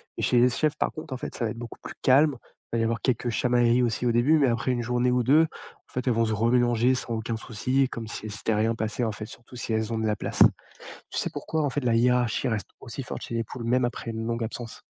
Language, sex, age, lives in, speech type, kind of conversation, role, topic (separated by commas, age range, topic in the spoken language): French, male, 30-34, France, read, expert-assistant, asker, Quels signes montrent qu’un animal d’élevage ne va pas bien ?